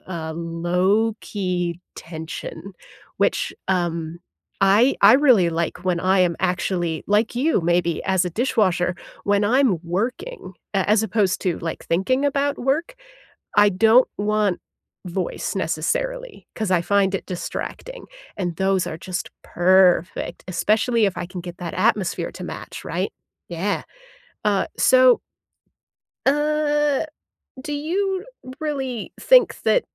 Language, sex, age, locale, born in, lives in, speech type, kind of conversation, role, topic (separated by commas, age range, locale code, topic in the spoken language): English, female, 30-34, en-US, United States, United States, conversation, unstructured, none, What's the last song you replayed, and why did it stick with you?